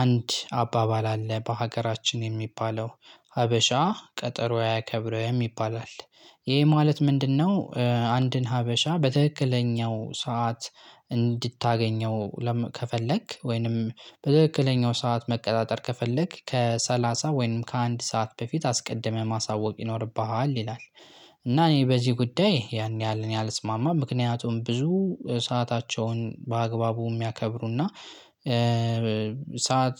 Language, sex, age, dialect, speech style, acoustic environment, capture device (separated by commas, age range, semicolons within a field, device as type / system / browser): Amharic, male, 18-24, Ethiopia - Gojjam, happy, silence, desktop / Linux / Chrome